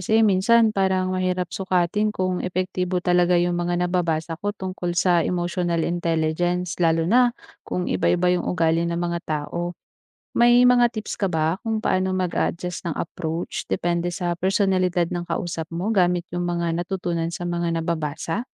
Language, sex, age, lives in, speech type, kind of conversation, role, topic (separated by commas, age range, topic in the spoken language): Filipino, female, 25-29, Philippines, read, expert-assistant, asker, Paano mo malalaman kung mapagkakatiwalaan ang babasahin tungkol sa emotional intelligence?